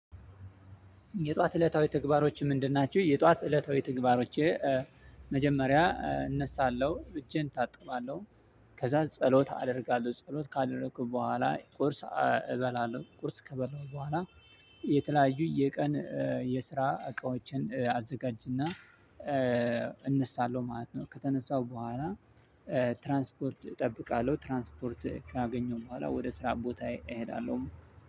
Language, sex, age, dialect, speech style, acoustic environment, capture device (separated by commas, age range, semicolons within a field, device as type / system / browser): Amharic, male, 25-34, Ethiopia - Addis Ababa, happy, silence, mobile / Linux / Chrome